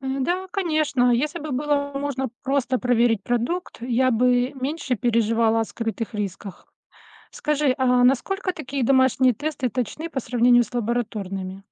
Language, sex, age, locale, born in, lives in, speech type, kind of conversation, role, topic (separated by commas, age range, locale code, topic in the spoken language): Russian, female, 45-49, ru-RU, Russia, United States, read, expert-assistant, asker, Как понять, насколько безопасны продукты, которые мы покупаем, если информации так много и она противоречивая?